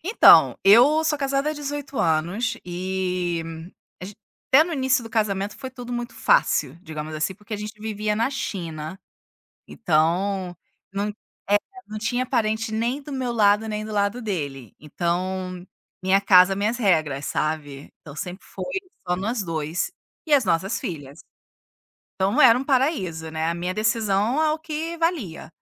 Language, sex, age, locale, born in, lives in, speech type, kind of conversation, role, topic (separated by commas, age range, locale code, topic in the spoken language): Portuguese, female, 40-44, pt-BR, Brazil, Italy, conversation, podcast, guest, Já precisou colocar limites com algum parente?